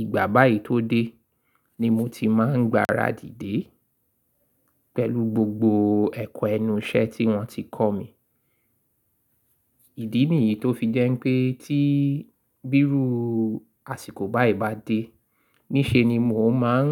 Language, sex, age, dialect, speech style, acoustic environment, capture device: Yoruba, male, 35-44, Nigeria - Lagos Yoruba, excited; focused; happy, silence, mobile / Linux / Chrome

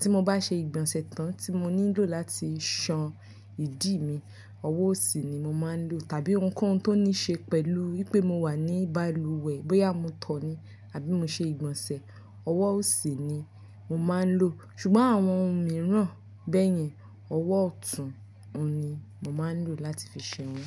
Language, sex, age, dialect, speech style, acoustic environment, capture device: Yoruba, female, 25-34, Nigeria - Nigerian Standard, relaxed, nature, mobile / Linux / Chrome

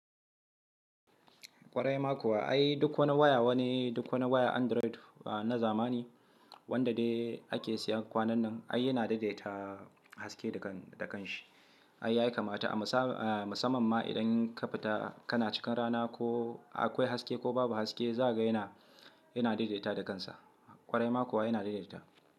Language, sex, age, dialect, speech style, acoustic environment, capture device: Hausa, male, 25-34, Nigeria - Kano, relaxed, silence, mobile / Linux / Chrome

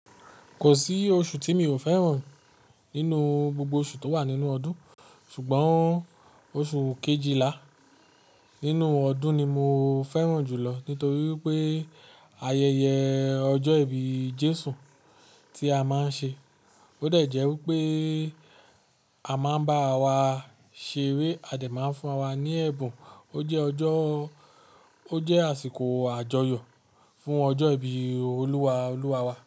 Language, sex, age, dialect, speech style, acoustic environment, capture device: Yoruba, male, 35-44, Nigeria - Nigerian Standard, focused, appliances, mobile / Linux / Chrome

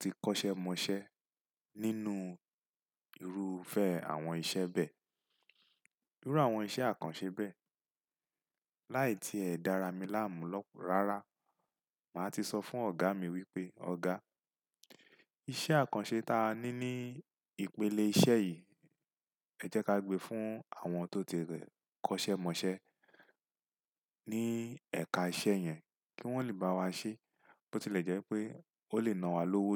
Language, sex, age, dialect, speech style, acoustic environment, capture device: Yoruba, male, 35-44, Nigeria - Lagos Yoruba, relaxed; focused, silence, desktop / Windows / Chrome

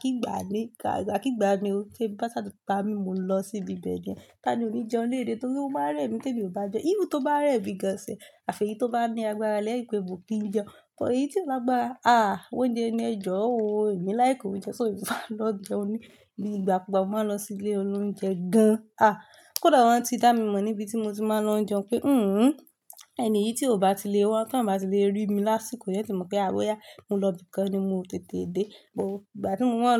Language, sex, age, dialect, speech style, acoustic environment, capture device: Yoruba, female, 25-34, Nigeria - Lagos Yoruba, relaxed, silence, mobile / Linux / Chrome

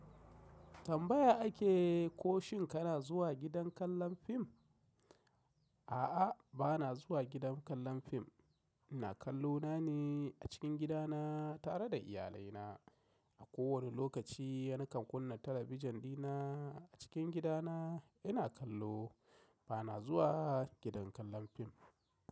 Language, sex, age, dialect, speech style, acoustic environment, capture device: Hausa, male, 35-44, Nigeria - Kano, nervous, silence, mobile / macOS / Safari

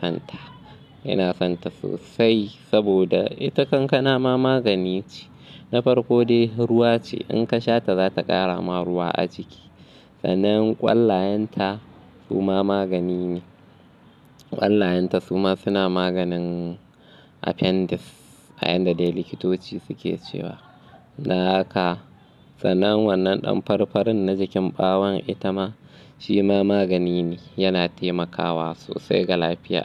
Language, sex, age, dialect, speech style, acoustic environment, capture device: Hausa, male, 25-34, Nigeria - Kano, anxious, nature, mobile / Linux / Chrome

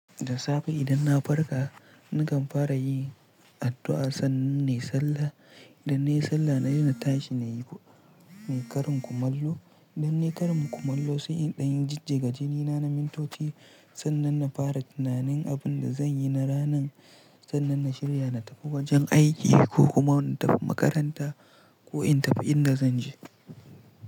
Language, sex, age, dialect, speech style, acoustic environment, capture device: Hausa, male, 18-24, Nigeria - Pidgin-influenced, relaxed; stressed; focused; tired; excited, silence; nature, mobile / Linux / Chrome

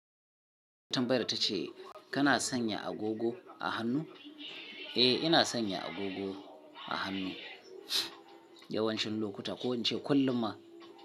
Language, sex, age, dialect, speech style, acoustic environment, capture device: Hausa, male, 35-44, Nigeria - Kano, tired, people talking; music, mobile / Linux / Chrome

